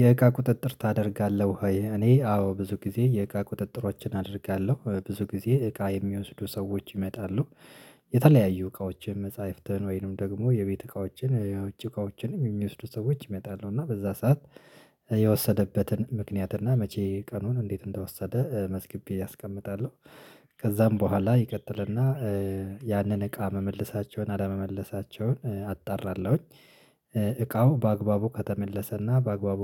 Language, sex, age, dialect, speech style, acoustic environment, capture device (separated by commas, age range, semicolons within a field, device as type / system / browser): Amharic, male, 25-34, Ethiopia - Addis Ababa, happy; relaxed; focused, silence, mobile / Linux / Chrome